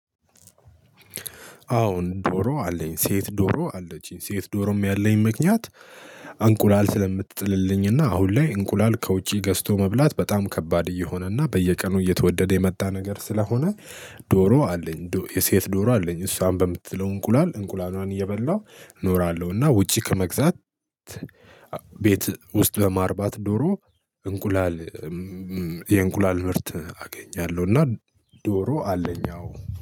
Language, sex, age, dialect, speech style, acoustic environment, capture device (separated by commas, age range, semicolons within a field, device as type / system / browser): Amharic, male, 18-24, Ethiopia - Addis Ababa, happy, people talking, mobile / Linux / Chrome